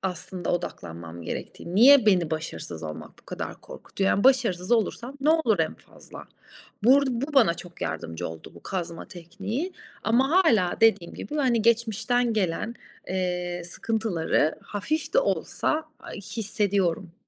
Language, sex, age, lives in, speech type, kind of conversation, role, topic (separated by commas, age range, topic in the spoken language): Turkish, female, 35-39, Italy, conversation, advice, user, Sınav ya da değerlendirme kaygını anlatır mısın?